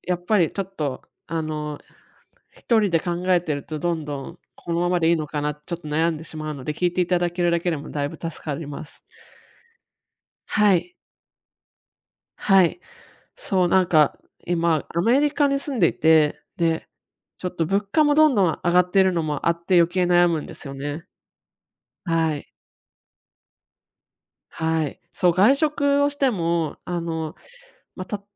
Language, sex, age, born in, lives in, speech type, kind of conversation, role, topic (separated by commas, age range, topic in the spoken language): Japanese, female, 35-39, Japan, United States, conversation, advice, user, 倹約しつつ、たまの贅沢も楽しむにはどうすればよいですか？